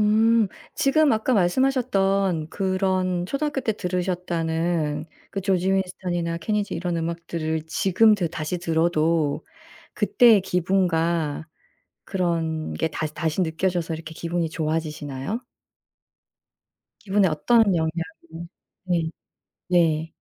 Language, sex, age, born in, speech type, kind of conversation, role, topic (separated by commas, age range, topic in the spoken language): Korean, female, 45-49, South Korea, conversation, podcast, host, 어릴 때 들었던 음악이 지금의 기분에도 영향을 주나요?